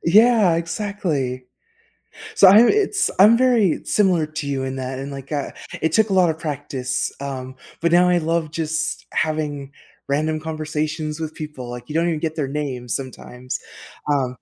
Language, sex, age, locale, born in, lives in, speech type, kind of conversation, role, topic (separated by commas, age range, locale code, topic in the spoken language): English, female, 35-39, en-US, United States, United States, conversation, podcast, host, What are some effective ways to build meaningful connections in unfamiliar environments?